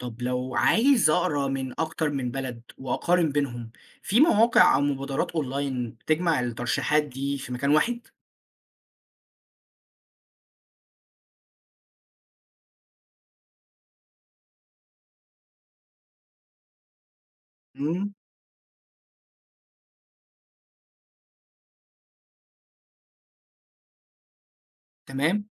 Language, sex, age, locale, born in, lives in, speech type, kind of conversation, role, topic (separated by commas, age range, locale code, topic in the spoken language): Arabic, male, 20-24, ar-EG, Egypt, Egypt, read, expert-assistant, asker, لو عايز أتعرف على قصص أدبية من بلاد مختلفة وأشوف إزاي بتشبه وتتفرق عن بعض، أتابع مين أو أروح فين؟